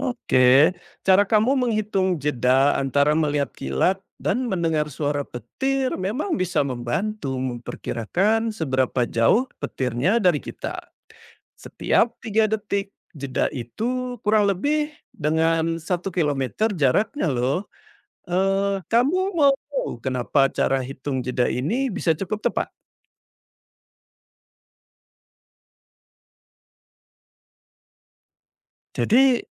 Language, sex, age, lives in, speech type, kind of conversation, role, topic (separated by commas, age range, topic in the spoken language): Indonesian, male, 40-44, Indonesia, read, expert-assistant, expert, Mengapa suara petir terdengar setelah kilat terlihat?